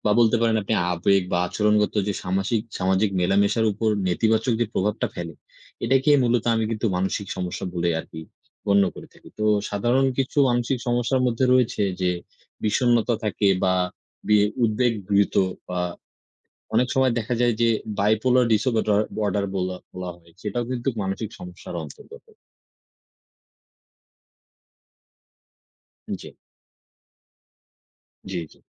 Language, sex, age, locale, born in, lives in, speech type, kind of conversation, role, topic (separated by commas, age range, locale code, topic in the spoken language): Bengali, male, 20-24, bn-BD, Bangladesh, Bangladesh, conversation, unstructured, none, মানসিক সমস্যায় ভোগা মানুষকে কি সমাজ থেকে প্রত্যাখ্যান করা উচিত?